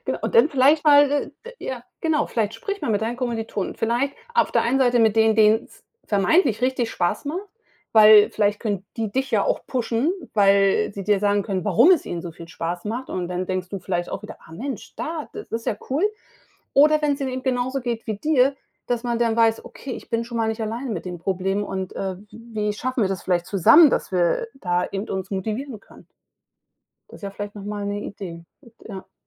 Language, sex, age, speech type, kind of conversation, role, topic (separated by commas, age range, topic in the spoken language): German, female, 45-49, conversation, advice, advisor, Wie soll ich damit umgehen, wenn ich das Gefühl habe, Motivation und Sinn im Leben verloren zu haben?